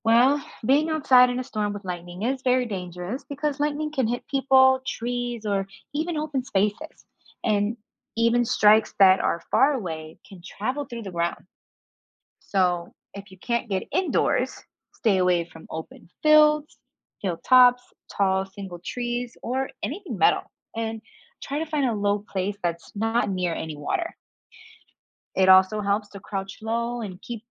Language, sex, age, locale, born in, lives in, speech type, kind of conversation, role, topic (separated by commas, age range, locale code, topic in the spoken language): English, female, 25-29, en-US, United States, United States, read, expert-assistant, expert, What makes lightning and thunder happen during a storm?